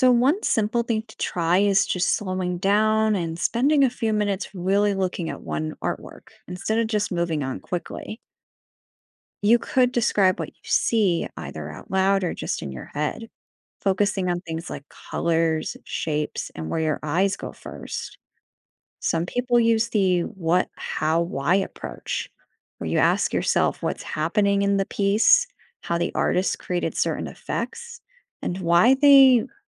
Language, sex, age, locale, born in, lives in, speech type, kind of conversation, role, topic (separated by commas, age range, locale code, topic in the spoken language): English, female, 25-29, en-US, United States, United States, read, expert-assistant, expert, How can beginners analyze and discuss art more deeply?